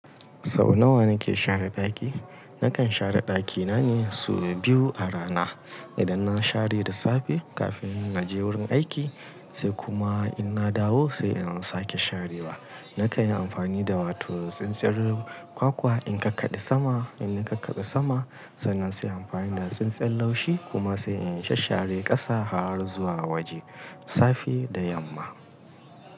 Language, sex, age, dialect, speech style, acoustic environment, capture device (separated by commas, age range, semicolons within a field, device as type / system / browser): Hausa, male, 35-44, Nigeria - Kano, happy; anxious; tired; relaxed; nervous; excited; focused; stressed, people talking; music; nature; silence; traffic; construction; appliances, mobile / Linux / Chrome